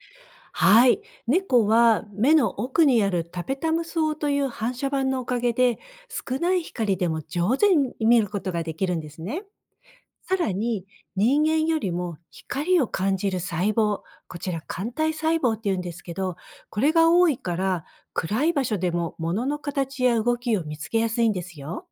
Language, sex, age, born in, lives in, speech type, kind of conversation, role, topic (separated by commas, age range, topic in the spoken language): Japanese, female, 55-59, Japan, United States, read, expert-assistant, expert, どうして猫は夜によく見えるのか？